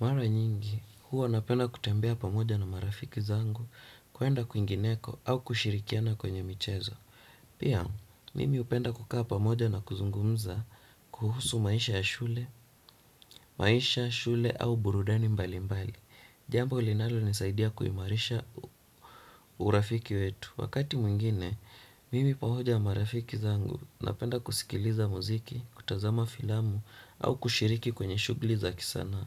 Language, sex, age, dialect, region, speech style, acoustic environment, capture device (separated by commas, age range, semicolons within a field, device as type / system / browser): Swahili, male, 18-24, Kenya - Nairobi Sheng-influenced, Kenya, stressed; anxious, silence, desktop / Windows / Chrome